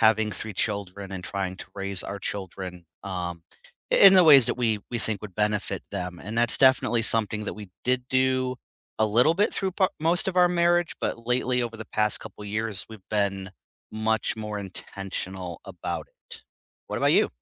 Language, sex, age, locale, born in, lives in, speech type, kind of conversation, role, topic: English, male, 40-44, en-US, United States, United States, conversation, unstructured, none, What is one habit that changed your life?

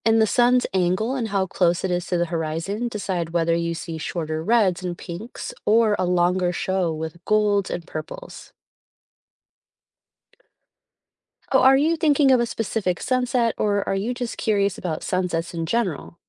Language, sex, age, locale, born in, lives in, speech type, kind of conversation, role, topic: English, female, 35-39, en-US, United States, United States, read, expert-assistant, expert, What causes the colors seen at sunset?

